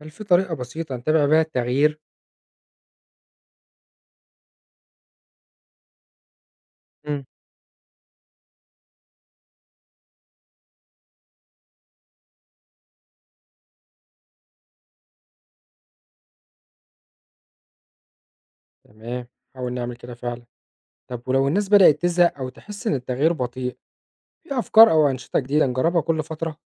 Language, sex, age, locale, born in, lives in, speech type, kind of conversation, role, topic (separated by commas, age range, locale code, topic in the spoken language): Arabic, male, 25-29, ar-EG, Egypt, Egypt, read, expert-assistant, asker, إزاي أختار عادات في حياتي اليومية تكون فعلاً ليها تأثير إيجابي على المناخ؟